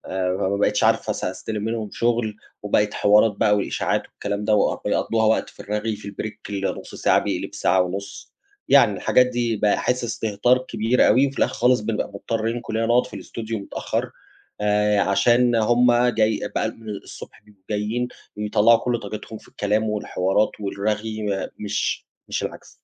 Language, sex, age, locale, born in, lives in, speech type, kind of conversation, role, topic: Arabic, male, 30-34, ar-EG, Egypt, Germany, conversation, advice, user, إزاي أتعامل مع ترددي وأنا باخد قرار مهني كبير وأنا مش شايف الصورة بوضوح؟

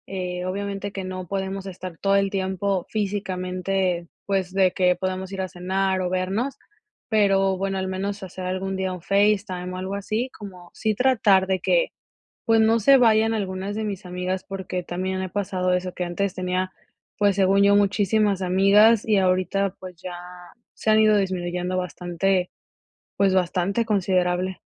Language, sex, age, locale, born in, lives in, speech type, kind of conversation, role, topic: Spanish, female, 25-29, es-MX, Mexico, United States, conversation, advice, user, ¿Cómo puedo mantener cerca a las personas que me apoyan?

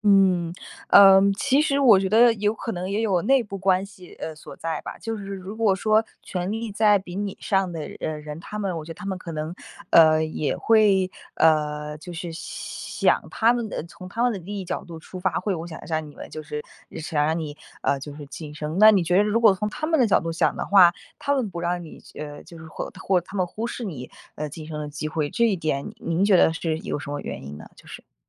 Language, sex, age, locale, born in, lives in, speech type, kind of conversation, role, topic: Chinese, female, 20-24, zh-CN, China, United States, conversation, advice, advisor, 我争取晋升机会却被忽视时，该怎么办？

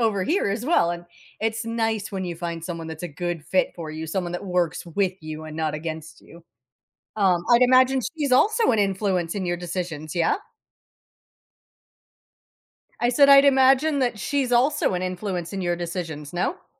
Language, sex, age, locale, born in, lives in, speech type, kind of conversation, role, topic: English, female, 35-39, en-US, United States, United States, conversation, podcast, host, How do your values and support systems shape the way you make important life choices?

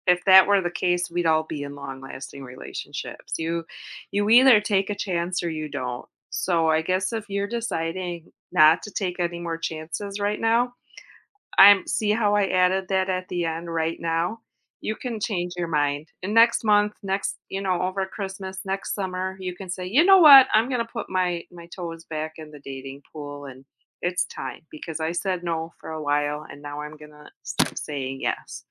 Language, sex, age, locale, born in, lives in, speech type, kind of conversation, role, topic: English, female, 45-49, en-US, United States, United States, conversation, advice, advisor, How do I cope with an unexpected breakup and start moving forward?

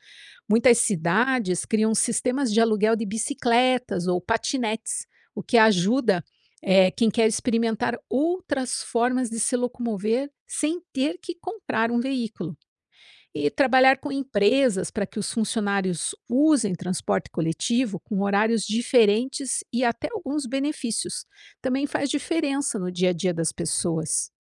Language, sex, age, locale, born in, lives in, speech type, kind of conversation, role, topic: Portuguese, female, 50-54, pt-BR, Brazil, United States, read, expert-assistant, expert, Por que a mobilidade urbana sustentável é um desafio atual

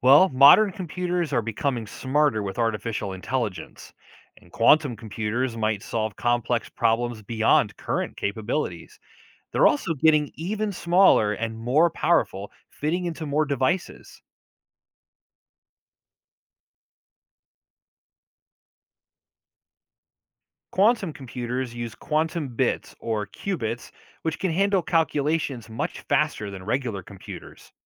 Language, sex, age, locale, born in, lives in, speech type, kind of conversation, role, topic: English, male, 40-44, en-US, United States, United States, read, expert-assistant, expert, How did computers first begin?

